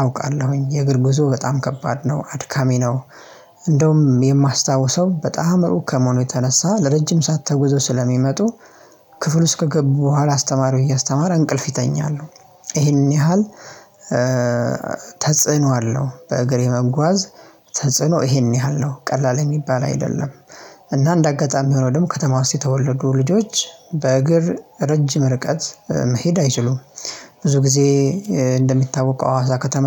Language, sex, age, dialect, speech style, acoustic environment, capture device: Amharic, male, 25-34, Ethiopia - Addis Ababa, happy; relaxed, silence, mobile / Linux / Chrome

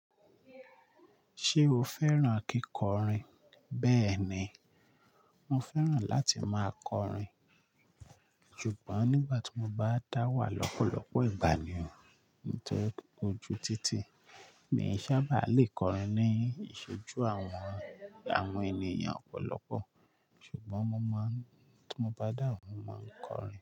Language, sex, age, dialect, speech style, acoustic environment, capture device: Yoruba, male, 25-34, Nigeria - Lagos Yoruba, relaxed, nature; people talking, mobile / Linux / Chrome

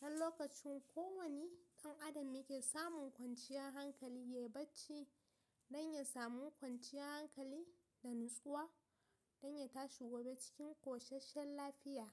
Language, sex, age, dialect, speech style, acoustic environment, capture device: Hausa, female, 18-24, Nigeria - Kano, relaxed, silence, mobile / Linux / Chrome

